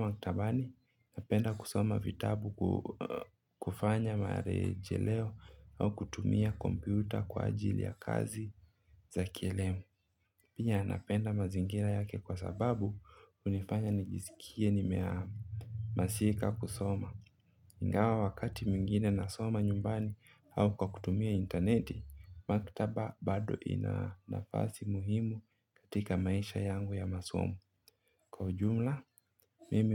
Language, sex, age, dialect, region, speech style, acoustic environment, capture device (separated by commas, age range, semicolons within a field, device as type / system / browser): Swahili, male, 25-34, Kenya - Mombasa, Kenya, relaxed, nature; appliances, mobile / Linux / Chrome